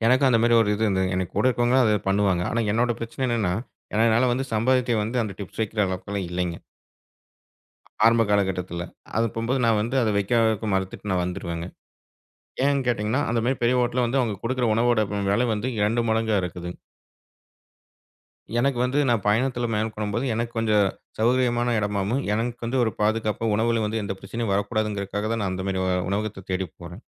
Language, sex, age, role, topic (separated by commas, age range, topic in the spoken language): Tamil, male, 35-39, host, வழியில் சந்தித்தவர்களிடமிருந்து நீங்கள் கற்றுக்கொண்ட மிக முக்கியமான பாடம் என்ன?